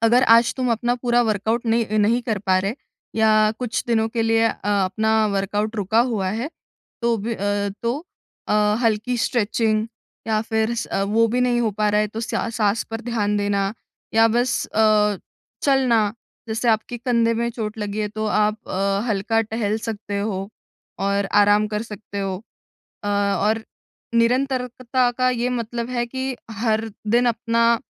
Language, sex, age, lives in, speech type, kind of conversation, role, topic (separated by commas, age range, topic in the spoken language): Hindi, female, 20-24, India, conversation, advice, advisor, चोट या किसी रुकावट के दौरान मैं प्रेरणा कैसे बनाए रखूँ?